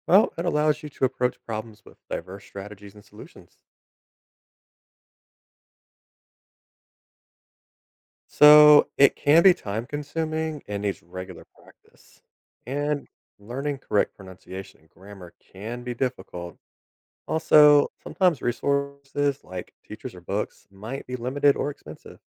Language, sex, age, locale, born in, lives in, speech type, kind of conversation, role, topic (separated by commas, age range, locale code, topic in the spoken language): English, male, 30-34, en-US, United States, United States, read, expert-assistant, expert, What are the advantages of learning a second language?